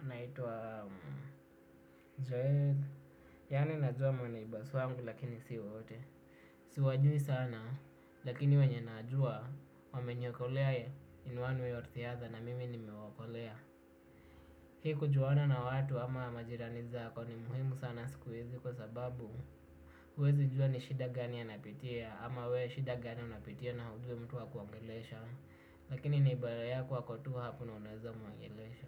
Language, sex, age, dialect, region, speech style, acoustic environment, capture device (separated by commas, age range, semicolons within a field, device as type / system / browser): Swahili, male, 18-24, Kenya - Nairobi Sheng-influenced, Kenya, relaxed, silence, desktop / Windows / Chrome